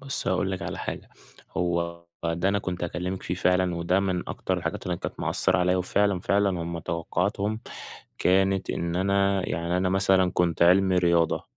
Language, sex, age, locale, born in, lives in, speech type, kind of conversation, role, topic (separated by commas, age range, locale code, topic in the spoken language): Arabic, male, 20-24, ar-EG, Egypt, Egypt, conversation, podcast, guest, إزاي بتشوف تأثير الضغط النفسي على أداء الطلبة؟